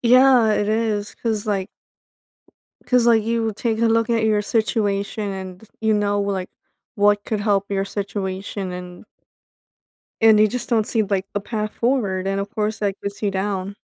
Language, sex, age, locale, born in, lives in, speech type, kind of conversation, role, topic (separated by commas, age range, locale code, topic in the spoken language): English, female, 25-29, en-US, United States, United States, conversation, advice, user, How can I get control of my finances and start saving when monthly bills feel overwhelming?